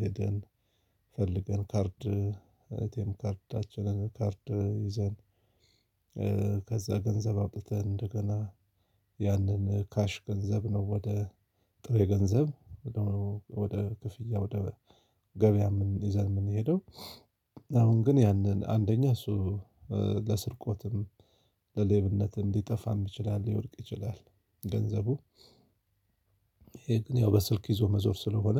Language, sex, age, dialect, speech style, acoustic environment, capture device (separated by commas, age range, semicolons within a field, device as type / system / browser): Amharic, male, 35-44, Ethiopia - Addis Ababa, focused, silence, mobile / Linux / Chrome